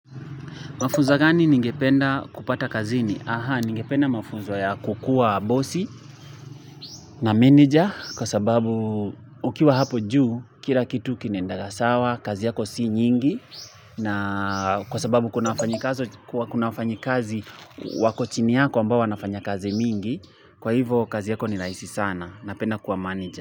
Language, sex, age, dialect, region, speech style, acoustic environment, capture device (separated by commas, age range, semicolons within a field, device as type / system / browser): Swahili, male, 25-34, Kenya - Nairobi Sheng-influenced, Kenya, focused, traffic; construction, mobile / Linux / Chrome